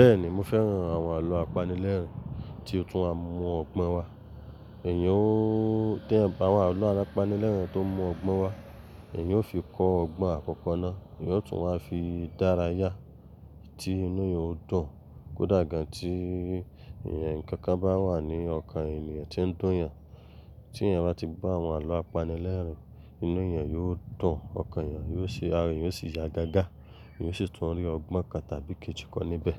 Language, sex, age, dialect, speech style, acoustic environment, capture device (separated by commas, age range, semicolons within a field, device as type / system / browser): Yoruba, male, 25-34, Nigeria - Lagos Yoruba, happy, silence, mobile / Linux / Chrome